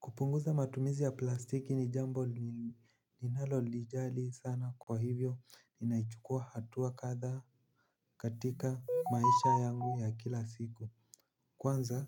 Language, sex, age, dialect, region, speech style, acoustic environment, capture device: Swahili, male, 18-24, Kenya - Nairobi Sheng-influenced, Kenya, anxious, nature, mobile / Linux / Chrome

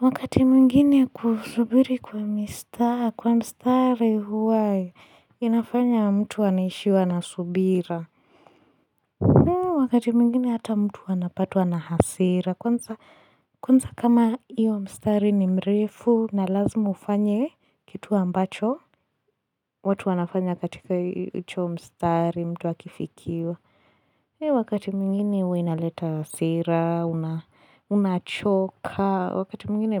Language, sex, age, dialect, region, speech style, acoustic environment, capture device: Swahili, female, 18-24, Kenya - Mombasa, Kenya, relaxed, silence, desktop / Linux / Chrome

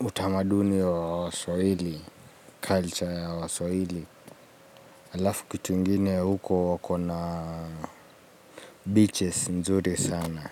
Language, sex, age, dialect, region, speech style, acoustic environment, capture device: Swahili, male, 25-34, Kenya - Nairobi Sheng-influenced, Kenya, focused, appliances, mobile / Linux / Chrome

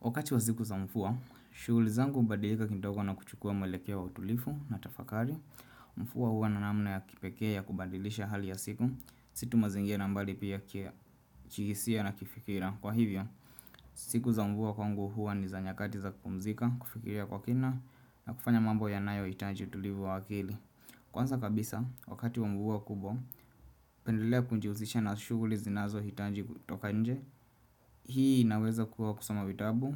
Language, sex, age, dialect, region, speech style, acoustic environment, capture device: Swahili, male, 25-34, Kenya - Nairobi Sheng-influenced, Kenya, relaxed, silence, desktop / Windows / Chrome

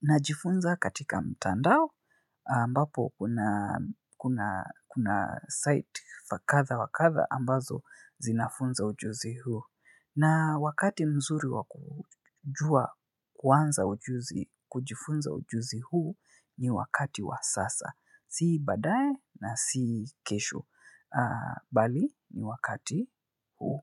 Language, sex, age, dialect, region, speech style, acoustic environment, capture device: Swahili, male, 25-34, Kenya - Nairobi Sheng-influenced, Kenya, focused; relaxed; excited, silence, mobile / Linux / Chrome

